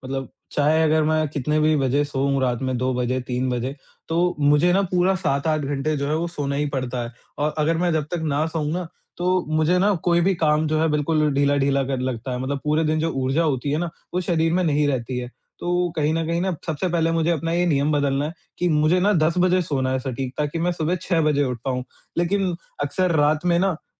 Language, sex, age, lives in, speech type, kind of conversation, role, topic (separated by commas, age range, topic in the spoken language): Hindi, male, 45-49, India, conversation, advice, user, मैं ध्यान की आदत बनाए रखने में नियमित क्यों नहीं रह पाता/पाती हूँ?